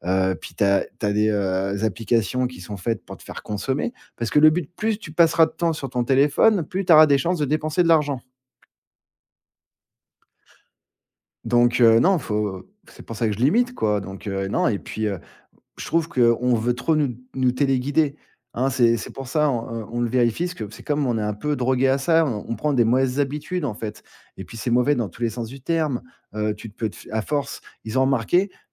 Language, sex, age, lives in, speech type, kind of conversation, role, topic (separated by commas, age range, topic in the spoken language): French, male, 40-44, France, conversation, podcast, guest, À ton avis, pourquoi vérifie-t-on sans cesse son téléphone ?